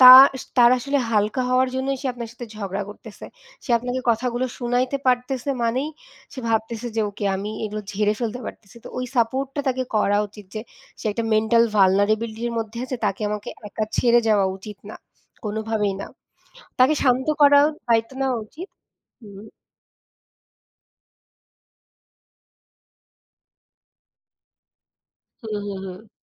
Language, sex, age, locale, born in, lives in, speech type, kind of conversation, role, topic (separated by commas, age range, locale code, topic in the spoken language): Bengali, female, 25-29, bn-BD, Bangladesh, Bangladesh, conversation, unstructured, none, সম্পর্কে ঝগড়া হলে আপনি কীভাবে শান্ত থাকতে পারেন?